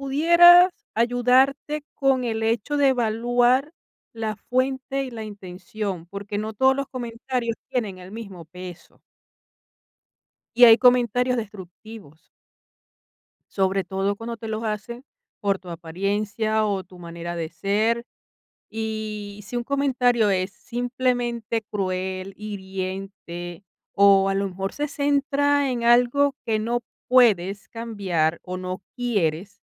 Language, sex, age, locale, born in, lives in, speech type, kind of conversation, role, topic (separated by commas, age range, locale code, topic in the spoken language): Spanish, female, 45-49, es-VE, Venezuela, Italy, conversation, advice, advisor, ¿Cómo puedo recibir comentarios negativos y convertirlos en aprendizaje para mejorar en el futuro?